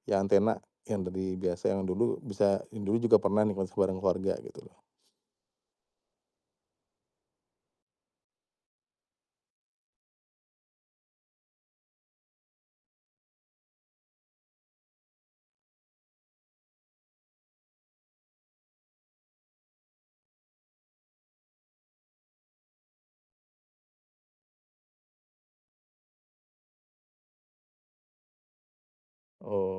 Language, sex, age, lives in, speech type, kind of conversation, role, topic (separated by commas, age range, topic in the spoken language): Indonesian, male, 35-39, Indonesia, conversation, podcast, host, Bagaimana platform streaming memengaruhi cara kita menonton televisi?